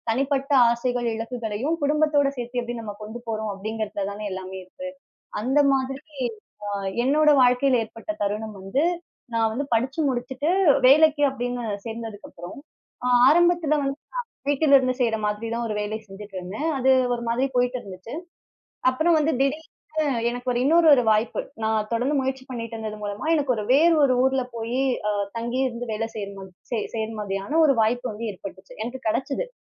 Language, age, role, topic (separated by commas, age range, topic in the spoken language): Tamil, 30-34, guest, மாற்றம் செய்ய முடிவு எடுத்தபோது உங்கள் குடும்பத்தினர் அதை எப்படிப் புரிந்துகொண்டார்கள்?